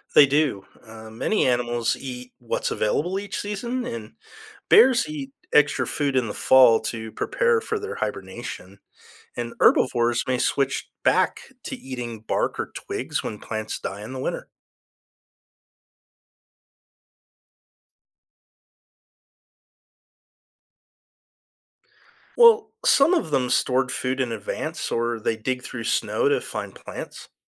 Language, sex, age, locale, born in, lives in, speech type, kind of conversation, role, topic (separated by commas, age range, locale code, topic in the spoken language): English, male, 50-54, en-US, United States, United States, read, expert-assistant, expert, How do animals adapt their behaviors to changing seasons?